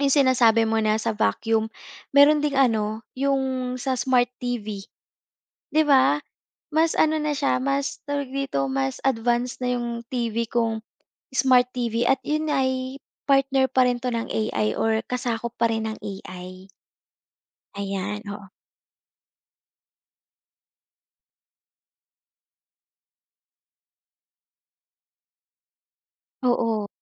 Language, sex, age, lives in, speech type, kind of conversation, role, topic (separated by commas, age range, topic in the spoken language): Filipino, female, 25-29, Philippines, conversation, podcast, guest, Ano sa tingin mo ang magiging epekto ng AI sa trabaho natin sa bahay at opisina?